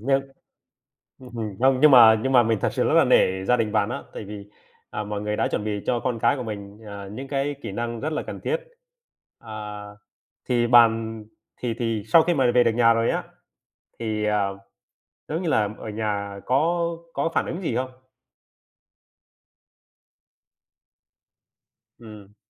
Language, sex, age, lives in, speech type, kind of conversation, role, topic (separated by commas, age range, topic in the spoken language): Vietnamese, male, 30-34, Vietnam, conversation, podcast, host, Bạn đã từng bị lạc ở một nơi xa lạ chưa?